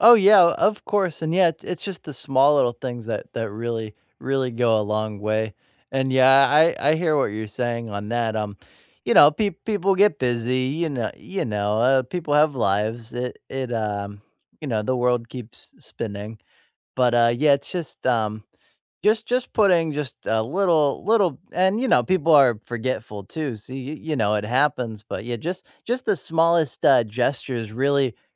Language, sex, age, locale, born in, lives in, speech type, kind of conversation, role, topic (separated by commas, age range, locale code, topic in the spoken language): English, male, 25-29, en-US, United States, United States, conversation, unstructured, none, What small, everyday gestures make you feel appreciated, and how can we offer them more intentionally?